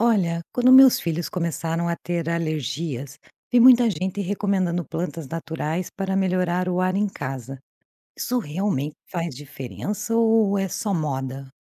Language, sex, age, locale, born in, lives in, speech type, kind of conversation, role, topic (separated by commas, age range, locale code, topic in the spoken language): Portuguese, female, 45-49, pt-BR, Brazil, Portugal, read, expert-assistant, asker, É verdade que plantas naturais melhoram significativamente a qualidade do ar dentro de casa, ou isso é um mito popular?